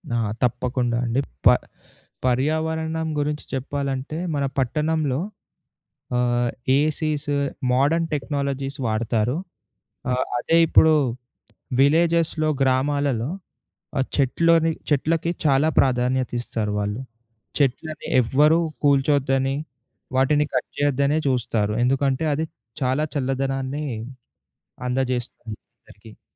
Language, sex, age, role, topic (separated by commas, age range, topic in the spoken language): Telugu, male, 20-24, guest, పండుగల సమయంలో పర్యావరణాన్ని దృష్టిలో పెట్టుకుని ఎలా జరుపుకోవాలి?